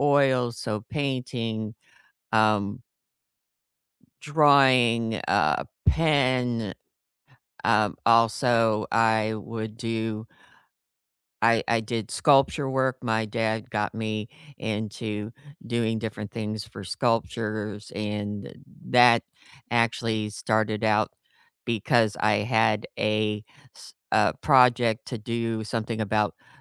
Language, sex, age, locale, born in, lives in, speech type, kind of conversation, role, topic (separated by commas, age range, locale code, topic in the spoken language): English, female, 55-59, en-US, United States, United States, conversation, unstructured, none, What childhood hobby would you like to revisit as an adult?